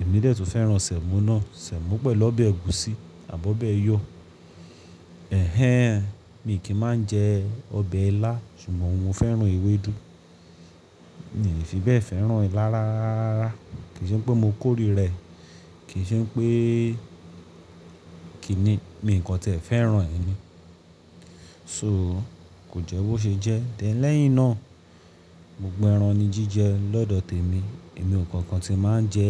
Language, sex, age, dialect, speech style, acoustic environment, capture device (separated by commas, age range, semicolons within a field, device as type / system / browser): Yoruba, male, 25-34, Nigeria - Lagos Yoruba, focused, appliances, mobile / Linux / Chrome